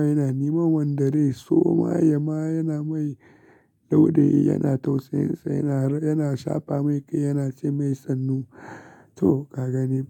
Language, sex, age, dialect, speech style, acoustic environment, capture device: Hausa, male, 25-34, Nigeria - Kano, relaxed, silence, desktop / Linux / Chrome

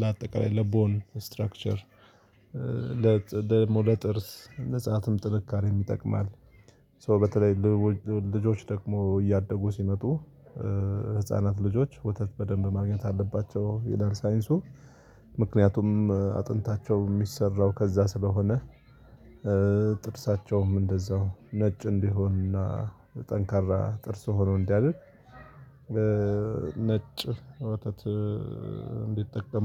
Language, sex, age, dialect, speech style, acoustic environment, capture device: Amharic, male, 35-44, Ethiopia - Addis Ababa, focused, people talking, mobile / Linux / Chrome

